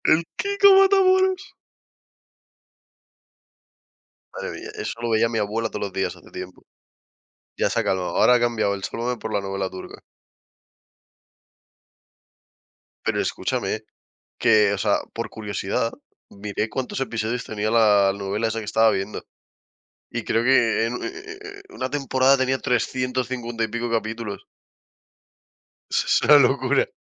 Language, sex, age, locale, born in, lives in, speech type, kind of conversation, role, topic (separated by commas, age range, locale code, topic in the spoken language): Spanish, male, 20-24, es-ES, Spain, Spain, conversation, unstructured, none, ¿Preferirías vivir sin redes sociales o sin televisión?